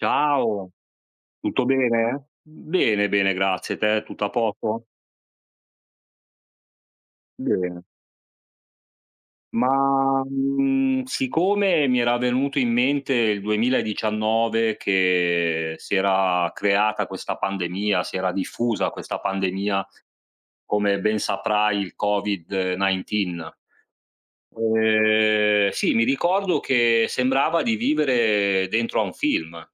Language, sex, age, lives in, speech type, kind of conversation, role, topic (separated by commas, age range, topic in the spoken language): Italian, male, 40-44, Italy, conversation, unstructured, none, In che modo la pandemia ha cambiato il nostro modo di spendere e risparmiare?